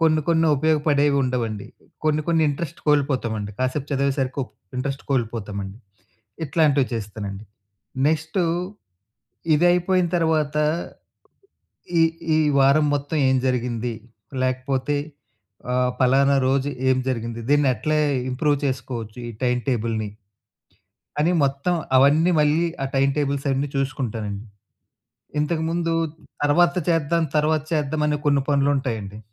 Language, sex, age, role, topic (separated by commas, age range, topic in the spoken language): Telugu, male, 35-39, guest, ఒంటరిగా ఉండటం మీకు తిరిగి శక్తి నింపుకునేలా ఎలా సహాయపడుతుంది?